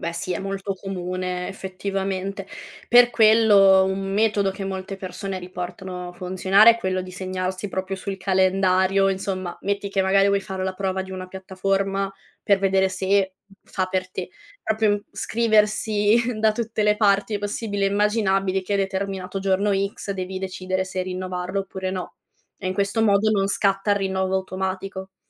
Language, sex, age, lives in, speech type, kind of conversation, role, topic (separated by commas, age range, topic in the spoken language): Italian, female, 25-29, Italy, conversation, advice, advisor, Come posso evitare di dimenticare gli abbonamenti mensili e impedire che prosciughino il mio budget?